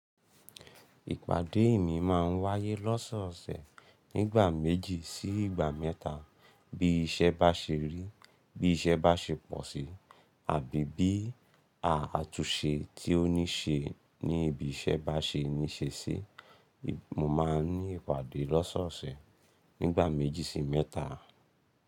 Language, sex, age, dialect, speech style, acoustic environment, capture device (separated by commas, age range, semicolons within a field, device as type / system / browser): Yoruba, male, 25-34, Nigeria - Ibadan, excited, nature, mobile / Linux / Chrome